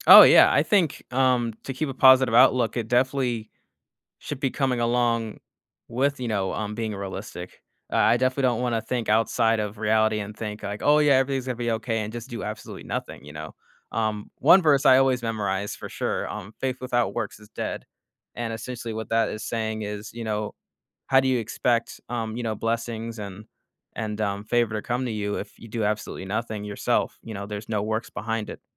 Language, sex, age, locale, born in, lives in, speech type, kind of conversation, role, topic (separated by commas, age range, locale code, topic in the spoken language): English, male, 25-29, en-US, United States, United States, conversation, podcast, guest, What strategies help you maintain hope and resilience when life gets challenging?